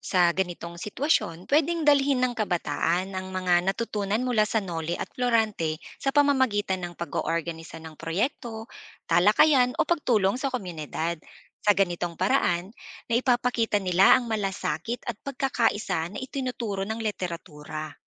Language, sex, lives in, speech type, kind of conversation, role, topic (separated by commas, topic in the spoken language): Filipino, female, Philippines, read, expert-assistant, expert, May mga sitwasyon ba sa klasikong literatura na parang nangyayari pa rin sa kasalukuyang lipunan? Anong mga halimbawa nito?